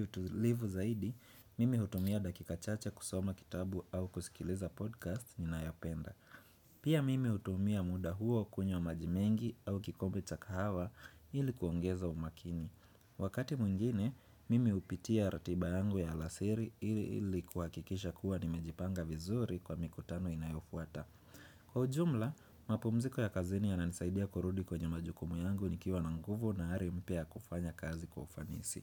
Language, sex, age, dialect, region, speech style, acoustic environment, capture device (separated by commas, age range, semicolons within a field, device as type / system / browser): Swahili, male, 25-34, Kenya - Nairobi Sheng-influenced, Kenya, happy, people talking; nature, desktop / Windows / Chrome